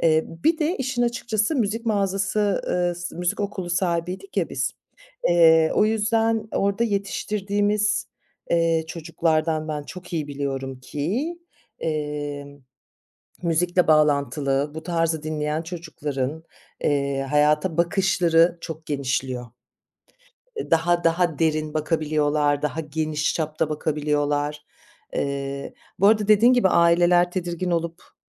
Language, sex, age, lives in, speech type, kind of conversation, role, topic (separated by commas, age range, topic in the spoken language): Turkish, female, 45-49, France, conversation, podcast, guest, Kökenin veya etnik geçmişin müzik zevkini nasıl şekillendirdi?